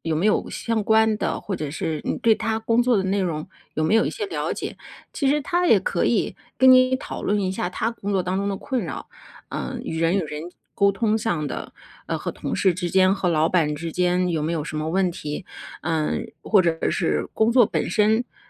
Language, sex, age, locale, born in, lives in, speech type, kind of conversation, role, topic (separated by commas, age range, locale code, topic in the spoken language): Chinese, female, 40-44, zh-CN, China, United States, conversation, advice, advisor, 伴侣对你性格或习惯的负面评价是如何伤害到你的？